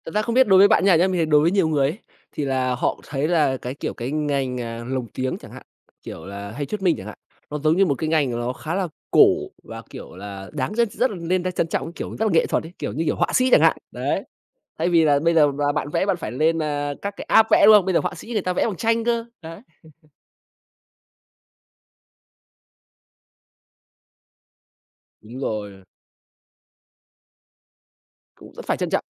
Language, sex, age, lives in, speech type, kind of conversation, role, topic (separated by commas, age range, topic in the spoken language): Vietnamese, male, 25-29, Vietnam, conversation, podcast, host, Bạn nghĩ sao về việc xem phim có phụ đề so với xem phim lồng tiếng?